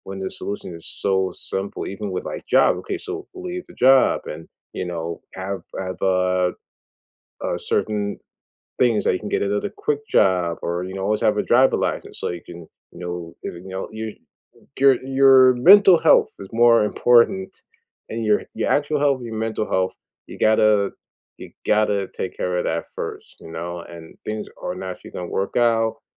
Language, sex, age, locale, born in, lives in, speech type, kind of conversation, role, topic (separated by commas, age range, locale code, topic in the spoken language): English, male, 40-44, en-US, United States, United States, conversation, unstructured, none, What are some low-pressure ways to meet new people as an adult and feel genuinely comfortable?